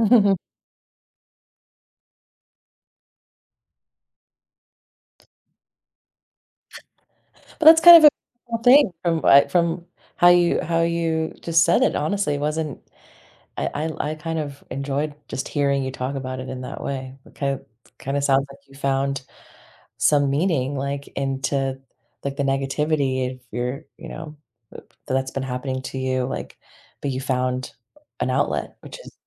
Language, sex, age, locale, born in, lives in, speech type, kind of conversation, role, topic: English, female, 30-34, en-US, United States, United States, conversation, advice, advisor, How can I find meaning after a loss?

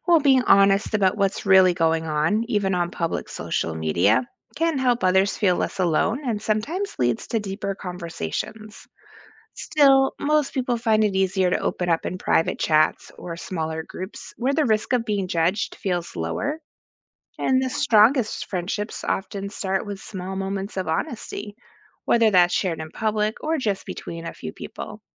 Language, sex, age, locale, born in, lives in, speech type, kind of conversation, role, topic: English, female, 40-44, en-US, United States, United States, read, expert-assistant, expert, How does social media affect friendship formation?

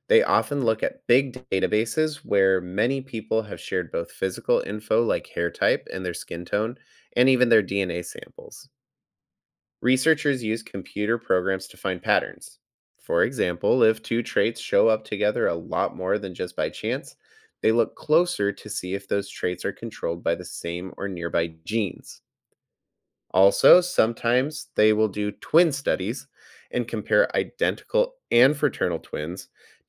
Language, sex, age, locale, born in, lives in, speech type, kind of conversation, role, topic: English, male, 30-34, en-US, United States, United States, read, expert-assistant, expert, Why do some people have curly hair and others straight?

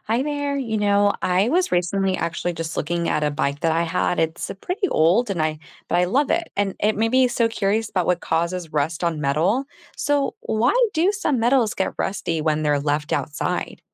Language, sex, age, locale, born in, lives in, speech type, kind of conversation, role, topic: English, female, 35-39, en-US, United States, United States, read, expert-assistant, asker, What causes rust on metal?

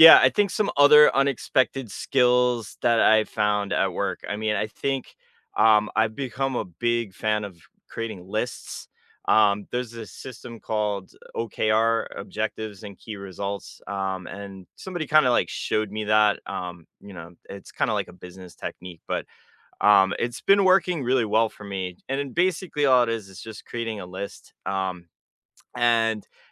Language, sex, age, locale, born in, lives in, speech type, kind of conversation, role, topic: English, male, 40-44, en-US, United States, United States, conversation, unstructured, none, What is the most unexpectedly useful skill you use at work?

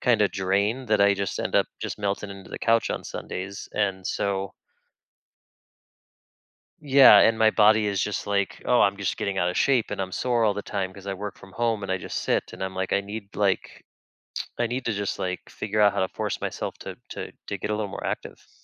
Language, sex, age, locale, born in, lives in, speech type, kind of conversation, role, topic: English, male, 35-39, en-US, United States, United States, conversation, advice, user, How can you better manage your time between your personal life and your professional responsibilities?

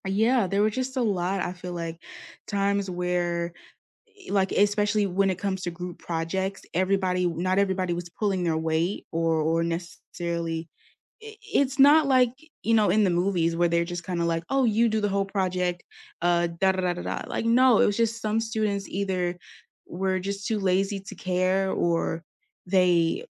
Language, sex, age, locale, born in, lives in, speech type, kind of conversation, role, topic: English, female, 20-24, en-US, United States, United States, conversation, unstructured, none, What makes a class discussion feel inclusive to you?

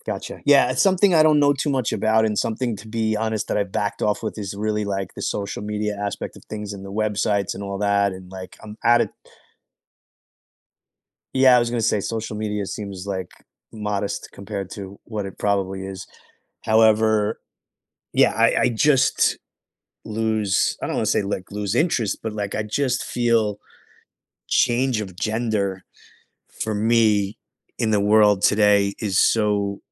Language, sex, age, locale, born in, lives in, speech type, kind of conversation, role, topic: English, male, 45-49, en-US, United States, United States, conversation, unstructured, none, Would you rather be able to change your age at will or change your gender at will?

